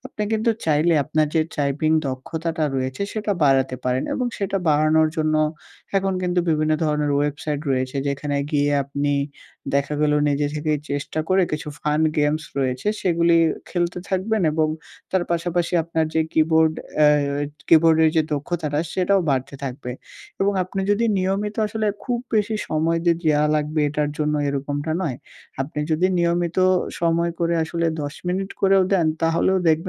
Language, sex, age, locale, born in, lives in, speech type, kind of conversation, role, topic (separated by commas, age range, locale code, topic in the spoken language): Bengali, male, 18-19, bn-BD, Bangladesh, Bangladesh, conversation, advice, advisor, দৈনন্দিন কার্যগুলো গুছিয়ে সময়মতো শেষ করতে আমি কীভাবে সময় ব্যবস্থাপনা ও দক্ষতা বাড়াতে পারি?